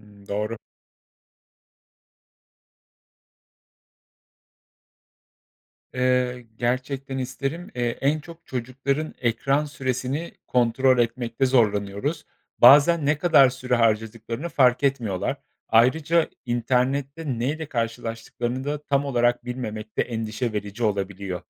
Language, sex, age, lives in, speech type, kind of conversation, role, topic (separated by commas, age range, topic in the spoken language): Turkish, male, 35-39, Poland, read, expert-assistant, asker, Gelişen iletişim teknolojileri aile ilişkilerini nasıl etkiler?